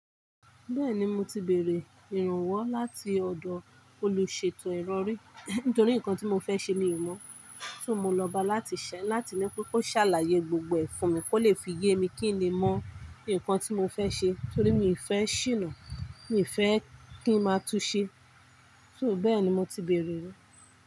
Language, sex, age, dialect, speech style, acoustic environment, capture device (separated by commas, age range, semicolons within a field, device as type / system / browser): Yoruba, male, 25-34, Nigeria - Kano, relaxed, appliances, mobile / Linux / Chrome